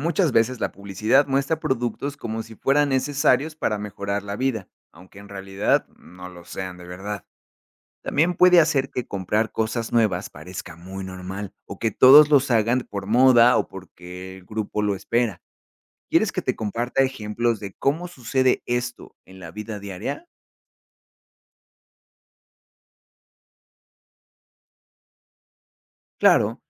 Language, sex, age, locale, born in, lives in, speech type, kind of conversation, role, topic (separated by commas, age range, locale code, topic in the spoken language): Spanish, male, 30-34, es-MX, Mexico, Mexico, read, expert-assistant, expert, ¿Cómo impacta la publicidad en los hábitos de consumo?